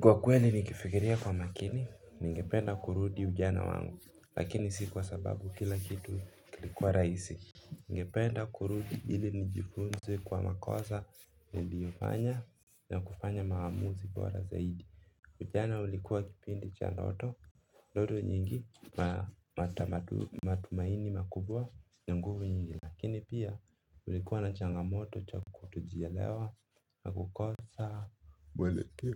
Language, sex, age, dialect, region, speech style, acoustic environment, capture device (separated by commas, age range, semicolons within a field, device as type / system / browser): Swahili, male, 25-34, Kenya - Mombasa, Kenya, relaxed, silence, mobile / Linux / Chrome